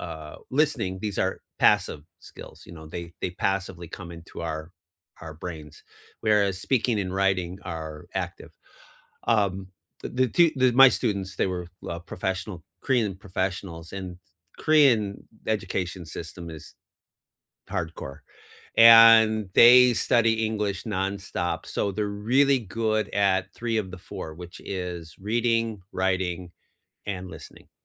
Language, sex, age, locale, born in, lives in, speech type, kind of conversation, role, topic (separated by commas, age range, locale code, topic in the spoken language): English, male, 55-59, en-US, United States, United States, conversation, unstructured, none, How do you learn best?